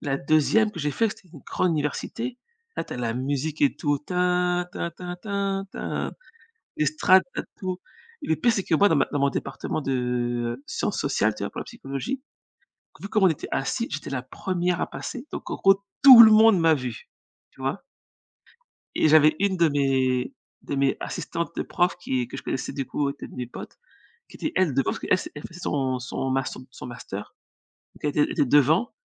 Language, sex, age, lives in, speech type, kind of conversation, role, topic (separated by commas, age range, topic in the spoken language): French, female, 40-44, United States, conversation, unstructured, none, Qu’est-ce qui te rend fier de toi ?